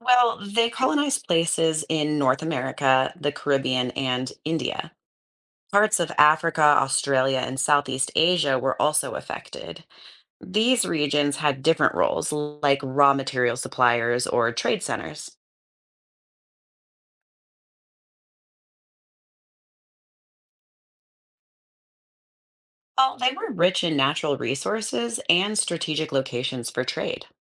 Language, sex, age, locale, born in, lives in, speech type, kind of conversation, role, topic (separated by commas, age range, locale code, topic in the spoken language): English, female, 35-39, en-US, United States, United States, read, expert-assistant, expert, What was the role of the British Empire in global colonization?